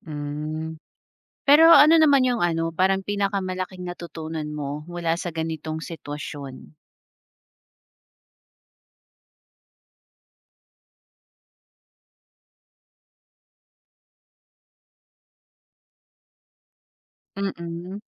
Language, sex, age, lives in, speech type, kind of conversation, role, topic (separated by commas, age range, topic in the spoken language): Filipino, female, 30-34, Philippines, conversation, podcast, host, Ano ang ginagawa mo kapag na-tag ka sa isang nakakahiya o hindi kanais-nais na post?